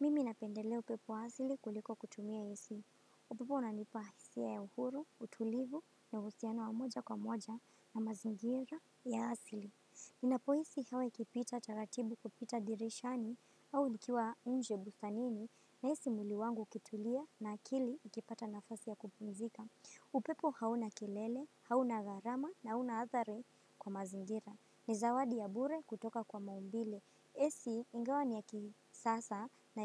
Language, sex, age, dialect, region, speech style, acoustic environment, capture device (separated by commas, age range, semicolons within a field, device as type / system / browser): Swahili, female, 18-24, Kenya - Mombasa, Kenya, happy, silence, desktop / Windows / Firefox